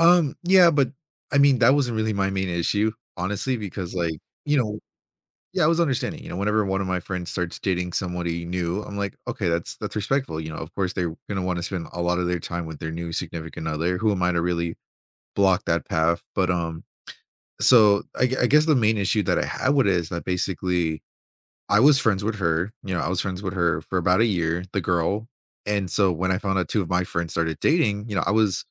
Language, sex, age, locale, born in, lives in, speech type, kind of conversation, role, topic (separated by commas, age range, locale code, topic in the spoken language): English, male, 20-24, en-US, United States, United States, conversation, advice, user, What should I do about a betrayed friendship?